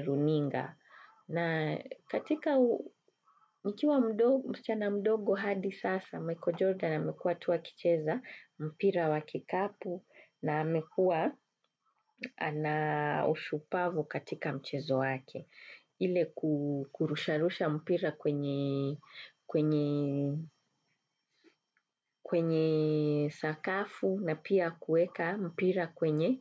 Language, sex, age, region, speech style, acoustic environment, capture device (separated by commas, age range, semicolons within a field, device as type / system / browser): Swahili, female, 35-44, Kenya, focused, nature, desktop / Windows / Chrome